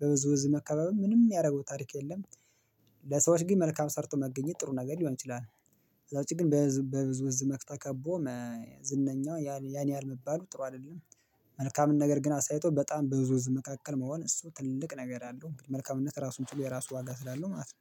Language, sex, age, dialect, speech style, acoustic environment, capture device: Amharic, male, 25-34, Ethiopia - Gondar, relaxed; happy; focused; excited, silence, mobile / Linux / Chrome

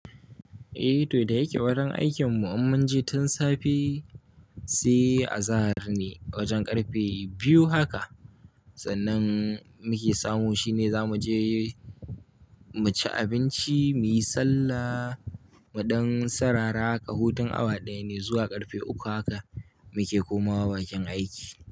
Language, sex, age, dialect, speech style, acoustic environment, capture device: Hausa, male, 18-24, Nigeria - Kano, happy, appliances, mobile / Linux / Chrome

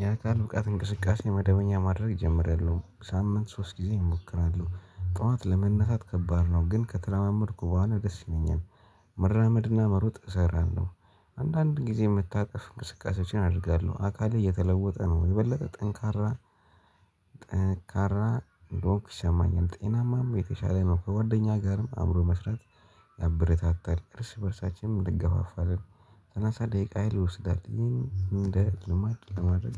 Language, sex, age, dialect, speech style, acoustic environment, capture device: Amharic, male, 18-24, Ethiopia - Addis Ababa, happy, people talking, mobile / Linux / Chrome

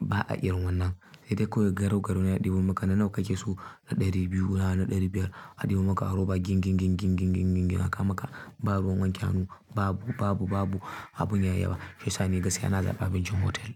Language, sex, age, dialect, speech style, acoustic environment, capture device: Hausa, male, 18-24, Nigeria - Kano, happy; focused; excited, silence, mobile / Linux / Chrome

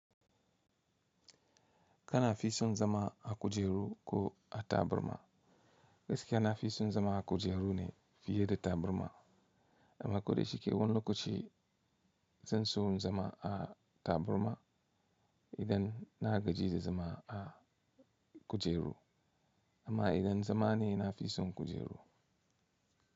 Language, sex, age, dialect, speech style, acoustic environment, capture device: Hausa, male, 35-44, Nigeria - Katsina, relaxed, silence, mobile / Linux / Chrome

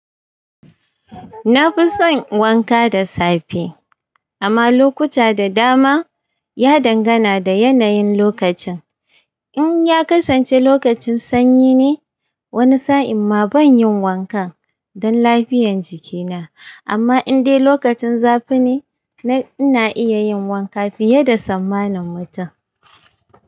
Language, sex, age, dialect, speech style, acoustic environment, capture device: Hausa, female, 35-44, Nigeria - Kano, happy; focused; excited; relaxed, silence; nature, mobile / Linux / Chrome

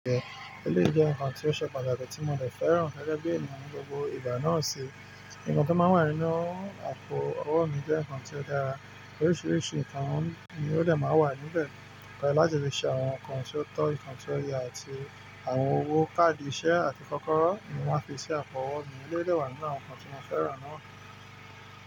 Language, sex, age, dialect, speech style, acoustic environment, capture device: Yoruba, male, 25-34, Nigeria - Nigerian Standard, focused, nature, mobile / Linux / Chrome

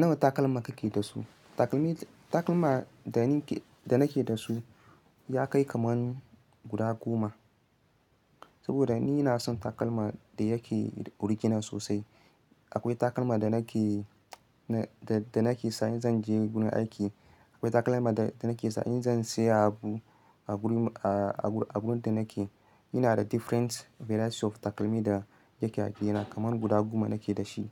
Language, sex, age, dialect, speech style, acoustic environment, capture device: Hausa, male, 25-34, Nigeria - Ibadan, excited, nature, mobile / Linux / Chrome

